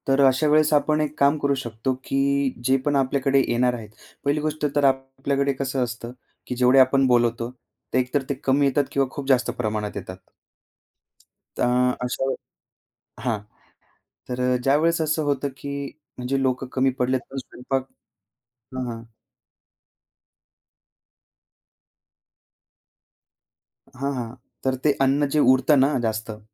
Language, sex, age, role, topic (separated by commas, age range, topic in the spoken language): Marathi, male, 25-29, guest, भोजन वाया जाणं थांबवण्यासाठी तुम्ही काय करता?